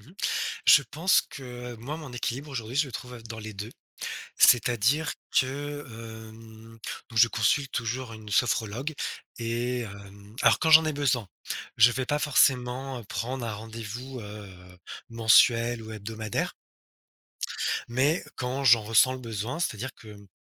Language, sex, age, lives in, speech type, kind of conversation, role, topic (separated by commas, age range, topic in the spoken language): French, male, 35-39, France, conversation, podcast, guest, Comment ressens-tu le lien entre la santé mentale et la nature ?